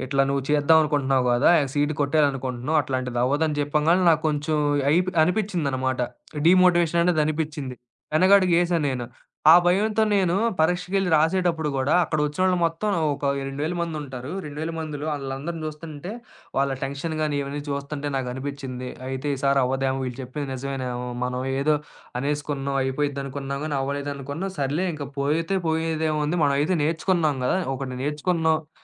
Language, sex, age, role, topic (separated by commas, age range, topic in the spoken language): Telugu, male, 25-29, guest, వృత్తి మార్పు చేయాలనిపిస్తే మీరు ముందుగా ఏ తొలి అడుగు వేయాలి?